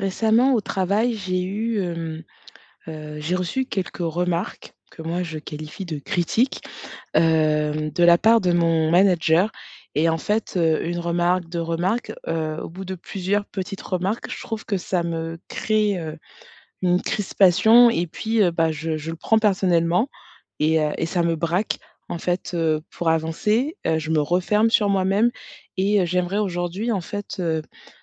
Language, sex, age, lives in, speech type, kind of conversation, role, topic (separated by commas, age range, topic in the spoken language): French, female, 35-39, France, conversation, advice, user, Comment recevoir des critiques sans les prendre personnellement ?